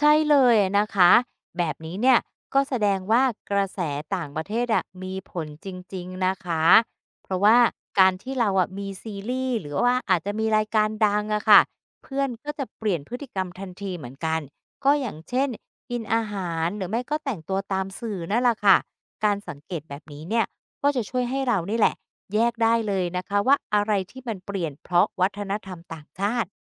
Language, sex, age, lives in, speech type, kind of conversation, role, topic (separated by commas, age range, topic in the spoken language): Thai, female, 50-54, Thailand, read, expert-assistant, expert, ถ้าอยากรู้ว่าวัฒนธรรมต่างชาติส่งผลต่อชีวิตประจำวันของคนไทยมากน้อยแค่ไหน มีวิธีไหนที่ช่วยให้เราเห็นภาพชัดขึ้นบ้าง?